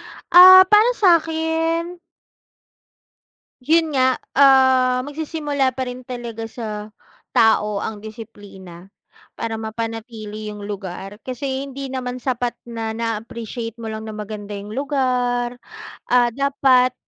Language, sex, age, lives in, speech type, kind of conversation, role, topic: Filipino, female, 35-39, Philippines, conversation, unstructured, none, Dapat bang ipatupad ang mas mahigpit na mga regulasyon para sa mga turista?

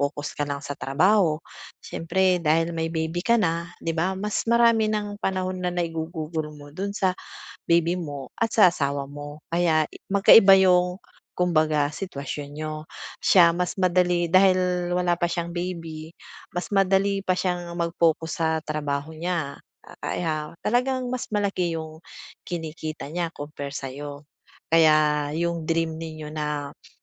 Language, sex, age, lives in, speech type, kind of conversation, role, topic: Filipino, female, 40-44, Philippines, conversation, advice, advisor, Bakit ako naiinggit sa mga tagumpay ng kaibigan ko?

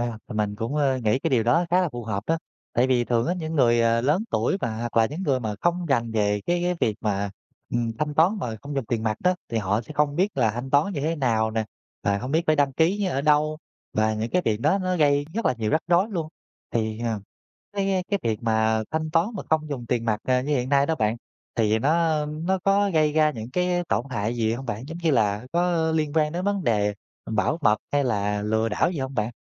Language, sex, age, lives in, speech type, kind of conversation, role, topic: Vietnamese, male, 30-34, Vietnam, conversation, podcast, host, Bạn nghĩ sao về xu hướng thanh toán không dùng tiền mặt hiện nay?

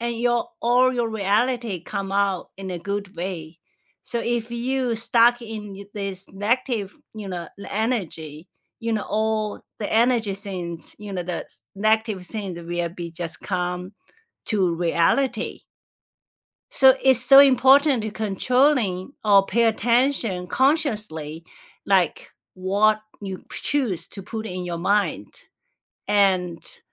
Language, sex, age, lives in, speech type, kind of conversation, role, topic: English, female, 45-49, United States, conversation, unstructured, none, What is the best piece of advice you have heard lately, and how has it changed you?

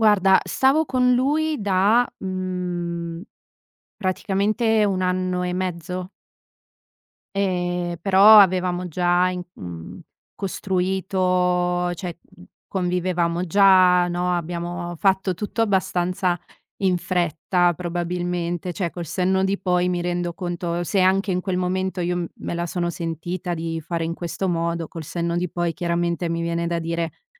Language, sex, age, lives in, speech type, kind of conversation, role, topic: Italian, female, 30-34, Italy, conversation, advice, user, Come posso tornare a fidarmi dopo una bugia o un tradimento passato?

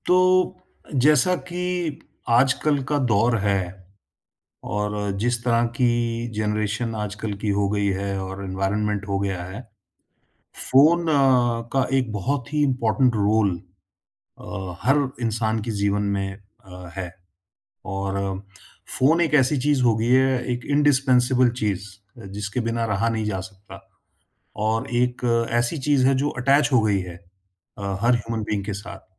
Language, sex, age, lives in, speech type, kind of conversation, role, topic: Hindi, male, 50-54, India, conversation, podcast, host, Phone aur family time kaise balance karte ho, yaar?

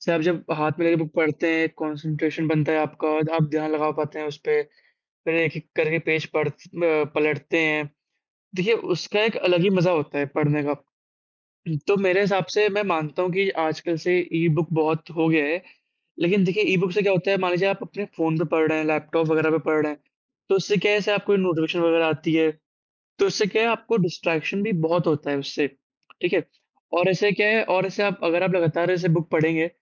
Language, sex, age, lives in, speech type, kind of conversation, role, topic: Hindi, male, 18-19, India, conversation, unstructured, none, आपके लिए ई-पुस्तकों और मुद्रित पुस्तकों में क्या अंतर है?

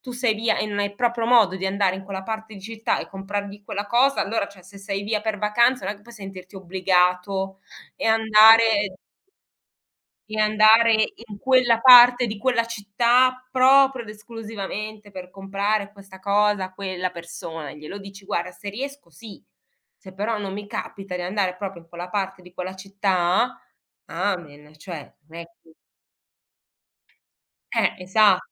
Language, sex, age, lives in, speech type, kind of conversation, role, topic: Italian, female, 40-44, Germany, conversation, podcast, guest, Come reagisci quando qualcuno non rispetta i tuoi limiti?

